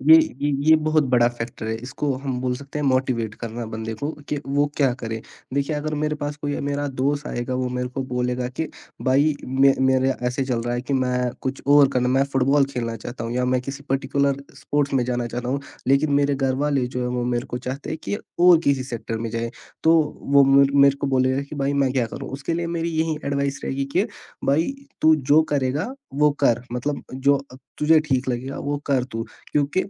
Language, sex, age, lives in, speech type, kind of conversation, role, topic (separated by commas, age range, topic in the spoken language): Hindi, male, 18-19, India, conversation, podcast, guest, Aap apne ghar walon ki ummeedon aur apne personal goals ko kaise balance karte hain?